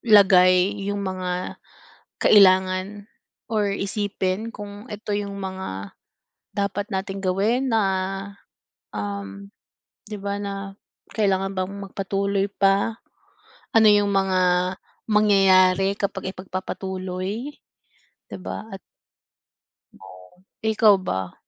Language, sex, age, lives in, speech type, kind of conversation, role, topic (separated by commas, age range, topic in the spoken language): Filipino, female, 30-34, United States, conversation, unstructured, none, Paano mo pinipili kung kailan ka dapat sumuko at kung kailan ka dapat magpatuloy?